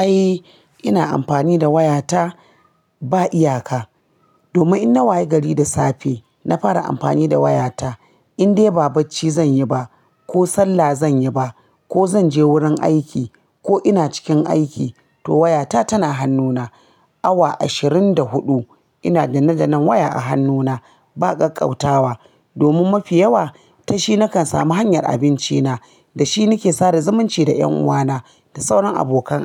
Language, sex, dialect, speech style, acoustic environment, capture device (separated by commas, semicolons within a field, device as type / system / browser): Hausa, female, Nigeria - Kano, relaxed; focused, appliances; nature; silence, mobile / Linux / Chrome